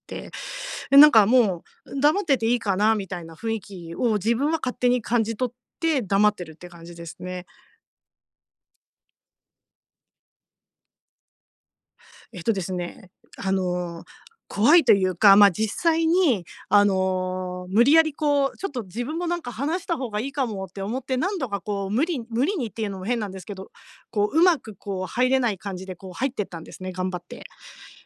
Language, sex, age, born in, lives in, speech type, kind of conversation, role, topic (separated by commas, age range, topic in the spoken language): Japanese, female, 50-54, Japan, Japan, conversation, advice, user, 大人数の集まりで楽に過ごすにはどうすればいいですか？